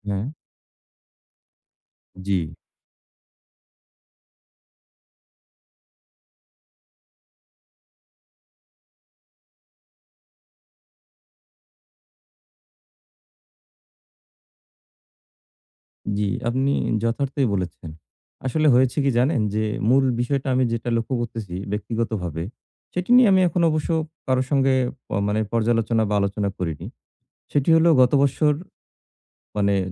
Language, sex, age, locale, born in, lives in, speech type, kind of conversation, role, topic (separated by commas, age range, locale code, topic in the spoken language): Bengali, male, 40-44, bn-BD, Bangladesh, Bangladesh, conversation, advice, user, আমি বারবার নিজেকে অপর্যাপ্ত মনে করলে তা সামলাতে কী করতে পারি?